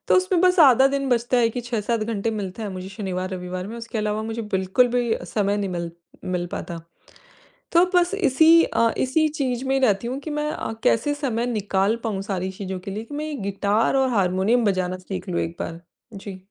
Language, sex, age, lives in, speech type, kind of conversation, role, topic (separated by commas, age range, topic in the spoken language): Hindi, female, 25-29, India, conversation, advice, user, मैं काम और शौक के बीच संतुलन कैसे बना सकता/सकती हूँ?